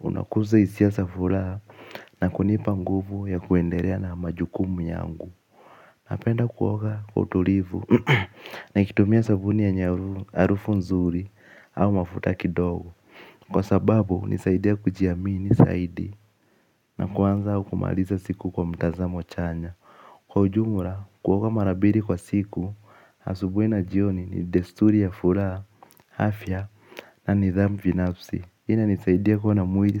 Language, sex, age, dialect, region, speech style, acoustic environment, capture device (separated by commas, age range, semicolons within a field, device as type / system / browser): Swahili, male, 18-24, Kenya - Nairobi Sheng-influenced, Kenya, focused; relaxed, nature, mobile / Linux / Chrome